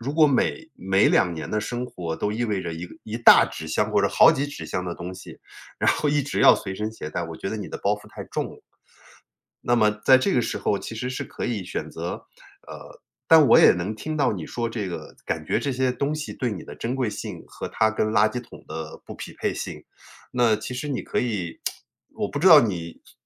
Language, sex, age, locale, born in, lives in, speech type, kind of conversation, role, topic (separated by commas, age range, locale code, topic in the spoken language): Chinese, male, 45-49, zh-CN, China, United States, conversation, advice, advisor, 我总是舍不得扔旧东西，该怎么开始断舍离？